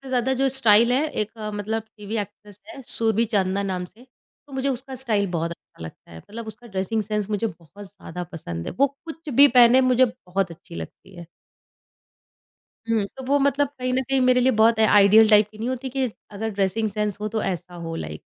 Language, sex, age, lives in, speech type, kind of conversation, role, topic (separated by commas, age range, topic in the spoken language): Hindi, female, 30-34, India, conversation, podcast, guest, Tumhara dressing style tumhari identity ko kaise reflect karta hai?